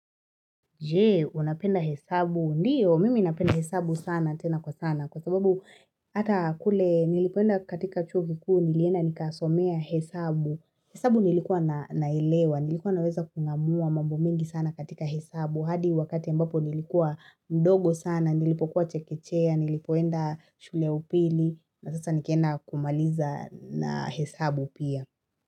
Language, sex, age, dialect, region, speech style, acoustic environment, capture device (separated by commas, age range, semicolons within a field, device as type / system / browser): Swahili, female, 25-34, Kenya - Nairobi Sheng-influenced, Kenya, relaxed, silence, mobile / Linux / Chrome